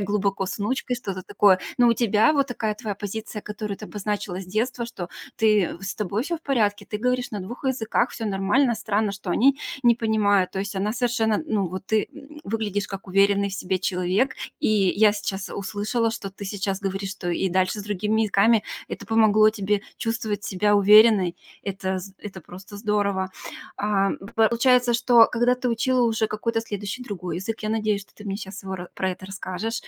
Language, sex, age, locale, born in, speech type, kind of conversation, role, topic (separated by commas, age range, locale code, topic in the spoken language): Russian, female, 40-44, ru-RU, Russia, conversation, podcast, host, Как язык, на котором вы говорите дома, повлиял на вас?